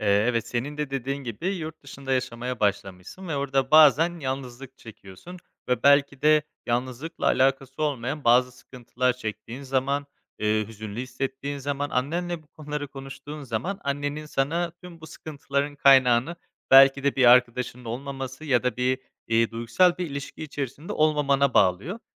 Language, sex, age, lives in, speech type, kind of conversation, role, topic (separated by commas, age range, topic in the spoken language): Turkish, male, 25-29, Spain, conversation, advice, advisor, Ailemle sürekli aynı çatışmaları yaşamamın ve sınır koymakta zorlanmamın nedeni ne olabilir?